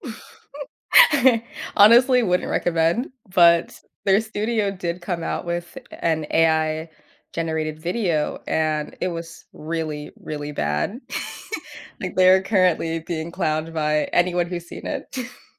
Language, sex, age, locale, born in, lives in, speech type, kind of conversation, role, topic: English, female, 20-24, en-US, United States, United States, conversation, podcast, host, What inspires you when starting a new creative project?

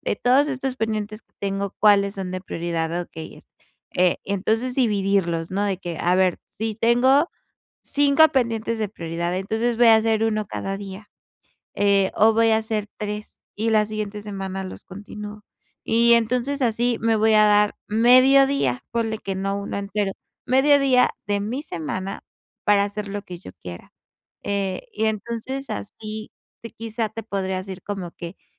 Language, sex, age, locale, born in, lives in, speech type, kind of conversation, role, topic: Spanish, female, 25-29, es-MX, Mexico, Mexico, conversation, advice, advisor, ¿Cómo puedo encontrar tiempo cada semana para crear?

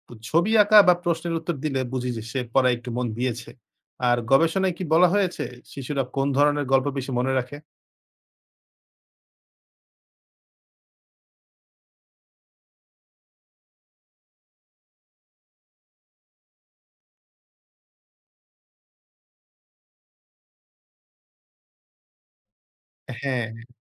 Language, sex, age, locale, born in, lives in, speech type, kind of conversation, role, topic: Bengali, male, 20-24, bn-BD, Bangladesh, Bangladesh, read, expert-assistant, asker, কোনো বাংলা উপন্যাসকে আজকের পাঠকের জন্য আরও আকর্ষণীয় করতে আমরা কী কী বদল আনতে পারি?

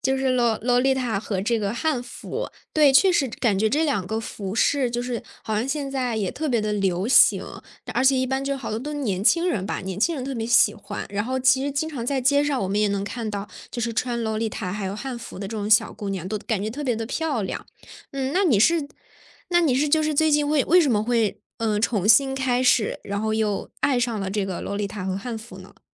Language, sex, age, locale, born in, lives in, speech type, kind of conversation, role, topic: Chinese, female, 20-24, zh-CN, China, Germany, conversation, podcast, host, 你最近有没有重新拾起过什么爱好？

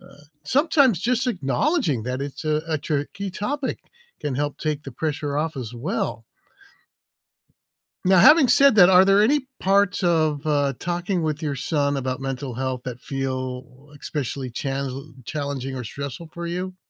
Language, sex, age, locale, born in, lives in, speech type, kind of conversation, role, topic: English, male, 60-64, en-US, United States, United States, read, expert-assistant, expert, What are the best ways to tell if online advice about mental health is trustworthy?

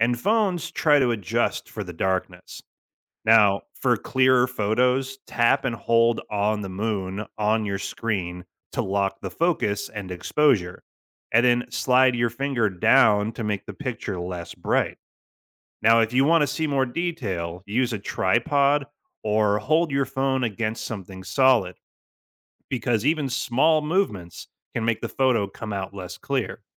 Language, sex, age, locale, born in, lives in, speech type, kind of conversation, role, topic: English, male, 35-39, en-US, United States, United States, read, expert-assistant, expert, What is the difference between a lunar eclipse and a solar eclipse?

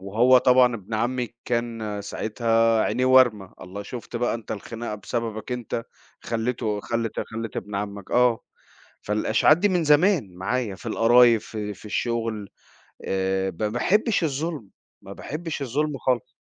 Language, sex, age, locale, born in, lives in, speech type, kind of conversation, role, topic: Arabic, male, 40-44, ar-EG, Egypt, United States, conversation, advice, user, إزاي أتعامل مع القيل والقال أو الإشاعات اللي بتتقال عني؟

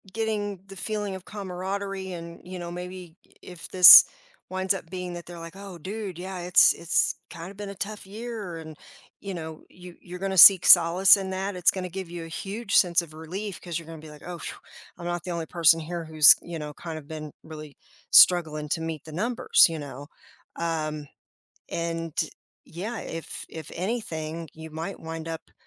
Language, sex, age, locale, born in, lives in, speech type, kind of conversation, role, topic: English, female, 50-54, en-US, United States, United States, conversation, advice, advisor, How can I cope with shame when I don't meet expectations?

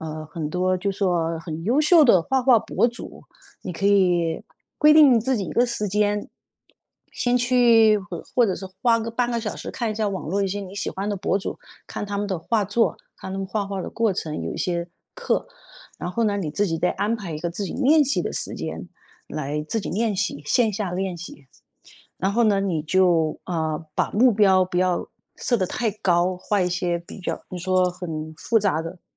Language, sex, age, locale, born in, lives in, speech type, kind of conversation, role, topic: Chinese, female, 50-54, zh-CN, China, United States, conversation, podcast, guest, 你通常会如何设定学习目标并制定学习计划？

